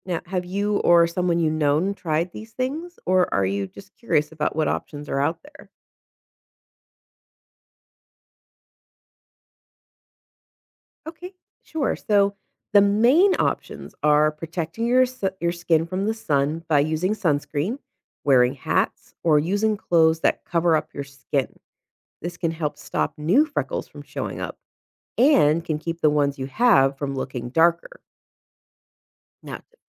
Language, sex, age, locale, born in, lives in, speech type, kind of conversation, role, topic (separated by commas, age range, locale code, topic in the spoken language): English, female, 35-39, en-US, United States, United States, read, expert-assistant, expert, Why do some people have freckles?